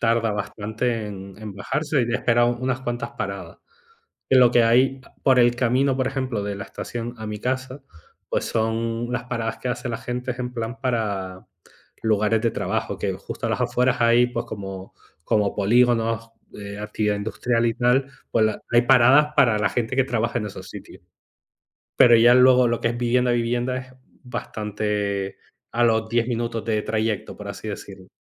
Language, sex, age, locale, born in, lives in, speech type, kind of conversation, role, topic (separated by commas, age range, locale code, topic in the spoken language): Spanish, male, 35-39, es-ES, Spain, Spain, conversation, unstructured, none, ¿Qué es lo que más te molesta al usar el transporte público?